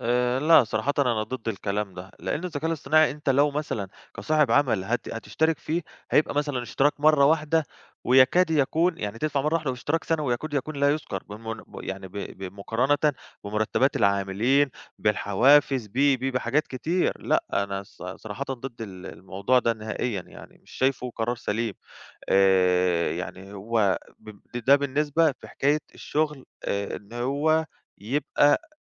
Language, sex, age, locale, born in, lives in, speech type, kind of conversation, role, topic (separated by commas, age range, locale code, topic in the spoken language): Arabic, male, 25-29, ar-EG, Egypt, Greece, conversation, podcast, guest, إيه رأيك في تأثير الذكاء الاصطناعي على سوق الشغل دلوقتي؟